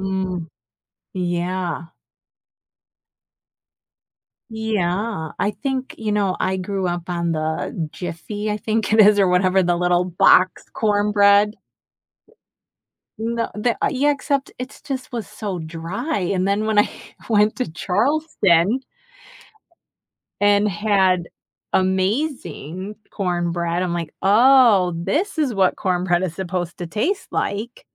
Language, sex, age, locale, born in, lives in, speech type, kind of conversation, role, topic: English, female, 50-54, en-US, United States, United States, conversation, podcast, host, How have childhood food experiences shaped your tastes and memories as an adult?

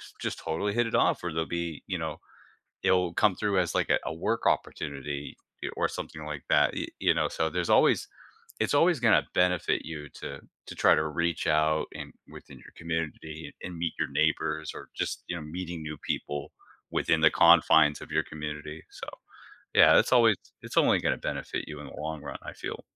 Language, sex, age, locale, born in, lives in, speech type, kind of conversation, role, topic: English, male, 40-44, en-US, United States, United States, conversation, podcast, host, What everyday actions help neighbors build stronger connections and a sense of belonging?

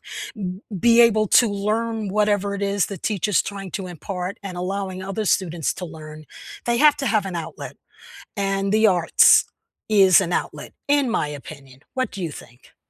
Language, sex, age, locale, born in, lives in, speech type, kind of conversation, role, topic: English, female, 70-74, en-US, United States, United States, conversation, unstructured, none, Which childhood hobby still brings you joy today, and how has it stayed part of your life?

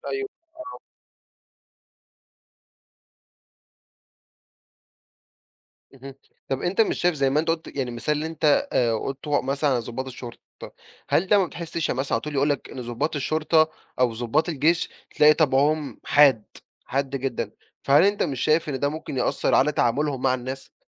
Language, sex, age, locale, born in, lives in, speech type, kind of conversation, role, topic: Arabic, male, 20-24, ar-EG, Egypt, Egypt, conversation, podcast, host, إزاي بتحافظ على صحتك النفسية لما الظروف تبقى صعبة؟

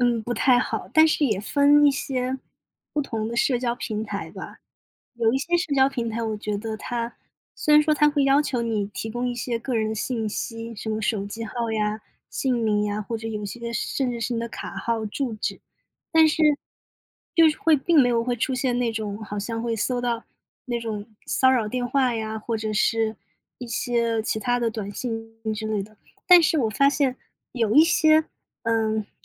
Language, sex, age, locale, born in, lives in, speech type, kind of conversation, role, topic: Chinese, female, 25-29, zh-CN, China, United States, conversation, unstructured, none, 你会担心社交媒体泄露你的个人信息吗？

